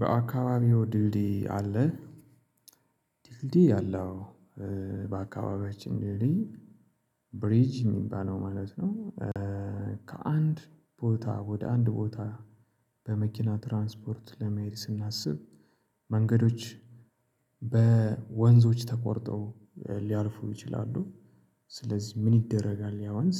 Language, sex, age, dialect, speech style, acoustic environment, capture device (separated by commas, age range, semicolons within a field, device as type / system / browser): Amharic, male, 35-44, Ethiopia - Addis Ababa, excited, silence, mobile / Linux / Chrome